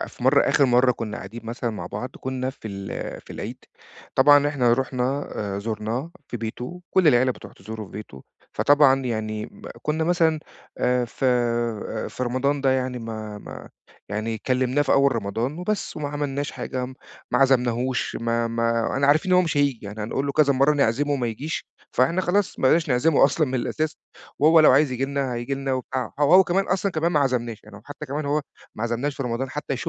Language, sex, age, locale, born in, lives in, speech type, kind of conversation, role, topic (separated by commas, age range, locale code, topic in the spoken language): Arabic, male, 40-44, ar-EG, Egypt, Portugal, conversation, advice, user, إزاي أحافظ على الاحترام وقت النقاشات الحساسة في العيلة؟